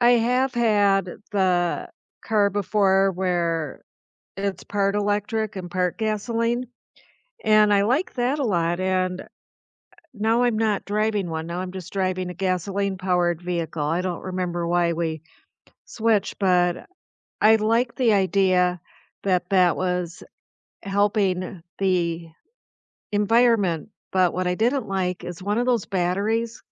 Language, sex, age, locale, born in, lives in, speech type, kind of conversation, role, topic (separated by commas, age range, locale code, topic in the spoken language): English, female, 65-69, en-US, United States, United States, conversation, unstructured, none, How do everyday choices and community policies shape how you care for the environment?